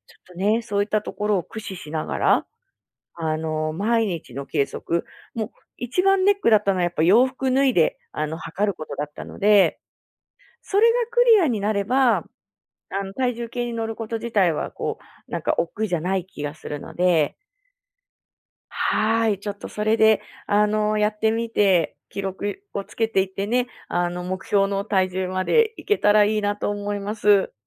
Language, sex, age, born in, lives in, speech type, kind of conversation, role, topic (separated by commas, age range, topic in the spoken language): Japanese, female, 35-39, Japan, Japan, conversation, advice, user, 続けやすい習慣記録の仕組みをどう作ればいいですか？